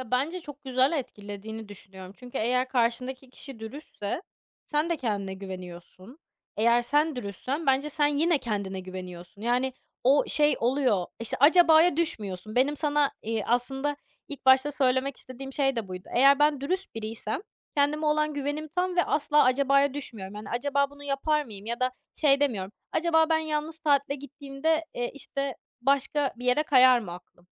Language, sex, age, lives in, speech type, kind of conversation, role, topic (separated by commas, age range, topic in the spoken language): Turkish, female, 20-24, France, conversation, podcast, guest, Dürüst olmanın ilişkilerdeki en büyük faydası sence nedir?